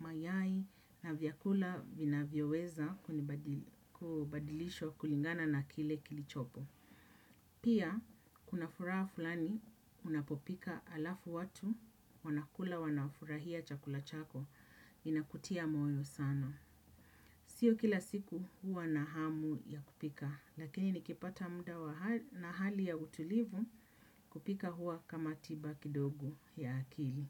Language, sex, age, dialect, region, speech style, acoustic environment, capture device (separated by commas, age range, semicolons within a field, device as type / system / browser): Swahili, female, 35-44, Kenya - Nairobi Sheng-influenced, Kenya, happy; relaxed; focused; excited, people talking; nature; appliances; silence, desktop / Windows / Chrome